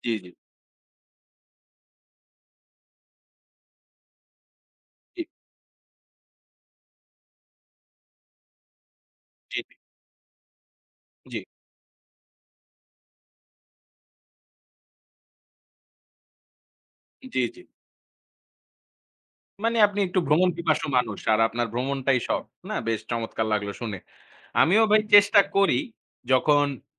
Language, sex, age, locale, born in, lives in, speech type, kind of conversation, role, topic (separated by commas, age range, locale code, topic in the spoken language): Bengali, male, 25-29, bn-BD, Bangladesh, Bangladesh, conversation, unstructured, none, তুমি নিজের শখ থেকে কী ধরনের আনন্দ পাও?